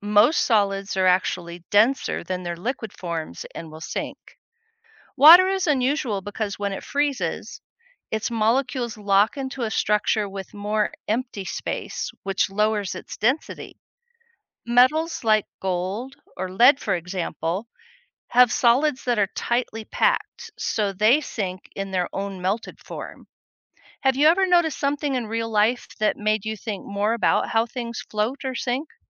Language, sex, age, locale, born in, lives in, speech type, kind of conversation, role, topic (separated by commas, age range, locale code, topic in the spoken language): English, female, 60-64, en-US, United States, United States, read, expert-assistant, expert, Why does ice float on water?